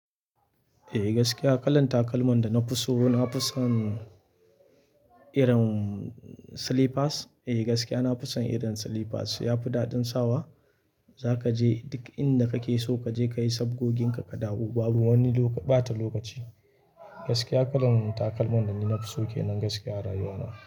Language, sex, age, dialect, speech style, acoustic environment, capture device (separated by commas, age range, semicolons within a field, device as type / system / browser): Hausa, male, 25-34, Nigeria - Kano, relaxed; focused; happy, silence; people talking, mobile / Linux / Chrome